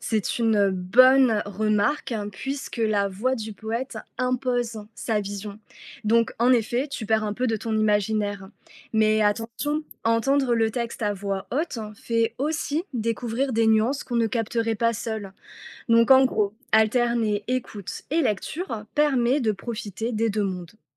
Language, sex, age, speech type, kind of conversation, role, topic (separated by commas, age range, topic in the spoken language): French, female, 30-34, read, expert-assistant, expert, Écouter un poème a-t-il plus d'impact que le lire ?